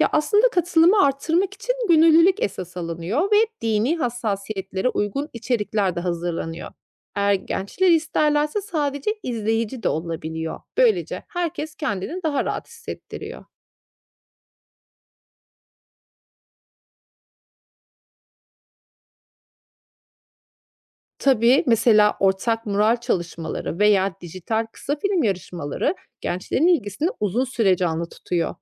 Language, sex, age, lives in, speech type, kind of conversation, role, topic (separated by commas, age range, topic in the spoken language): Turkish, female, 35-39, Austria, read, expert-assistant, expert, Dünya dinlerinin tarih boyunca birbirini nasıl etkilediğini daha yakından anlamak için hangi yolları önerirsiniz?